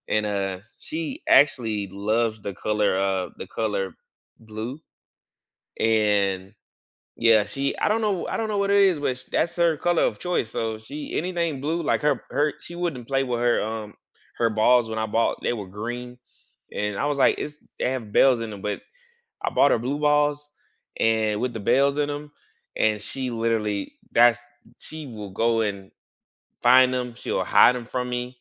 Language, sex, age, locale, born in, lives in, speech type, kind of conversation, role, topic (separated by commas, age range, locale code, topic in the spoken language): English, male, 35-39, en-US, United States, United States, conversation, unstructured, none, How can you refresh a room in one day on a budget?